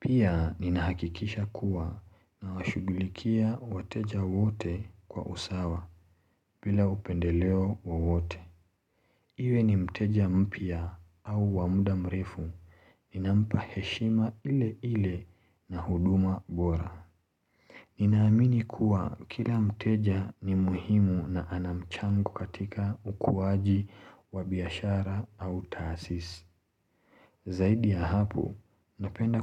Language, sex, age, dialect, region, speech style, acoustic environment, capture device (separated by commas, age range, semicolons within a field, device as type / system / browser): Swahili, male, 25-34, Kenya - Mombasa, Kenya, relaxed, silence, mobile / Linux / Chrome